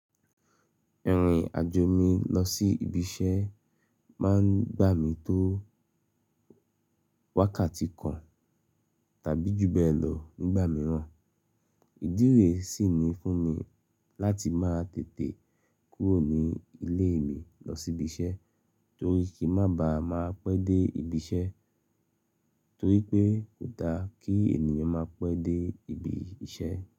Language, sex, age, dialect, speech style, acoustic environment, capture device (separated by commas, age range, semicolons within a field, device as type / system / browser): Yoruba, male, 35-44, Nigeria - Lagos Yoruba, happy; focused, silence, mobile / Linux / Chrome